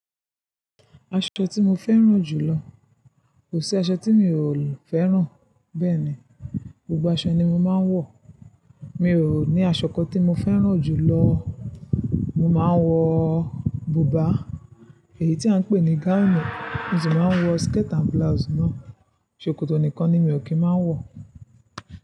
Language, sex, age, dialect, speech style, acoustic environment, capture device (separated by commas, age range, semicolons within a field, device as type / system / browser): Yoruba, female, 25-34, Nigeria - Ibadan, happy; excited, nature, mobile / Linux / Chrome